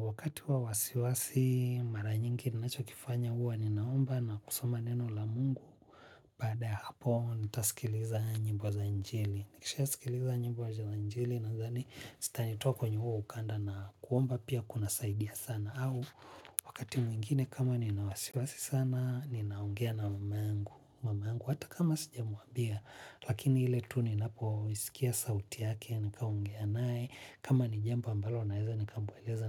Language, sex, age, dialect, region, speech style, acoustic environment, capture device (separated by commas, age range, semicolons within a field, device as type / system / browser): Swahili, male, 25-34, Tanzania - Dar es Salaam, Tanzania, relaxed, people talking; music; nature, mobile / Linux / Chrome